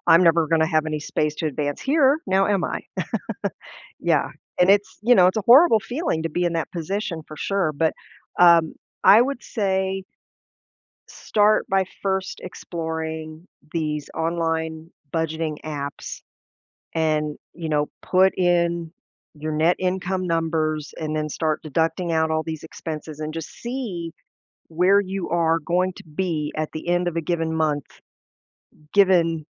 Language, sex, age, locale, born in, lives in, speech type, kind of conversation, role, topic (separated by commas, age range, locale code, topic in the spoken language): English, female, 50-54, en-US, United States, United States, conversation, advice, advisor, How can I manage financial instability and feel more secure?